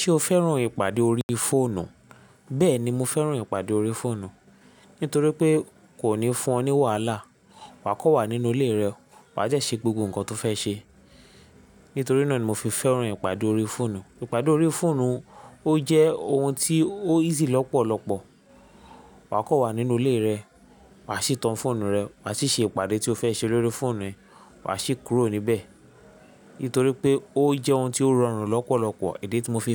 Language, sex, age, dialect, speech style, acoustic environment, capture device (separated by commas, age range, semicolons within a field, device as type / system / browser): Yoruba, male, 25-34, Nigeria - Lagos Yoruba, happy, silence, mobile / Linux / Chrome